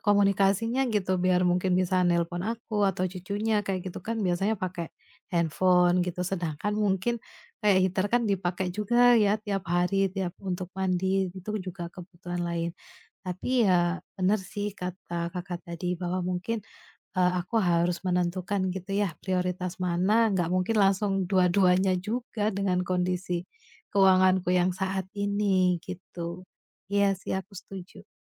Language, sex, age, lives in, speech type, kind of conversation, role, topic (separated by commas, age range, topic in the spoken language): Indonesian, female, 30-34, Indonesia, conversation, advice, user, Bagaimana tekanan dari keluarga atau pergaulan untuk membantu keuangan orang lain dapat mengganggu rencana menabungmu?